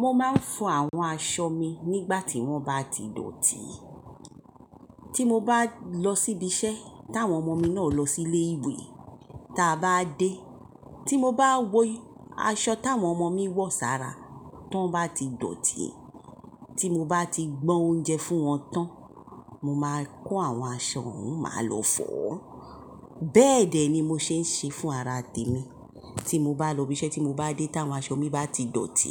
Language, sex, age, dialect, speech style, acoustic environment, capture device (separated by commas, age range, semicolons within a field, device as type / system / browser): Yoruba, male, 18-24, Nigeria - Lagos Yoruba, relaxed, silence, mobile / Linux / Chrome